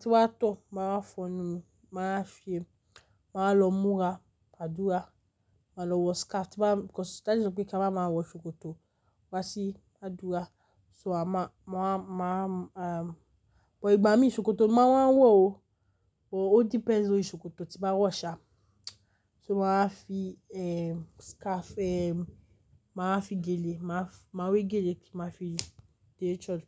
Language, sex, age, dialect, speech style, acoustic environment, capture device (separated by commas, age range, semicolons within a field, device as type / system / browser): Yoruba, female, 35-44, Nigeria - Lagos Yoruba, excited, nature, mobile / Linux / Chrome